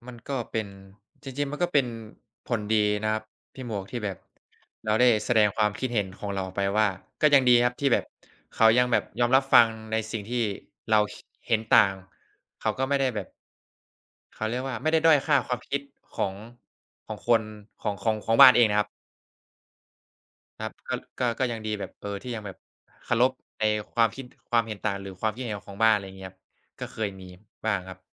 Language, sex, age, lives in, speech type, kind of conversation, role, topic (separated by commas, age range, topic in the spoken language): Thai, male, 20-24, Thailand, conversation, unstructured, none, เคยมีครั้งไหนที่คุณต้องยอมคนอื่นทั้งที่ไม่อยากทำไหม?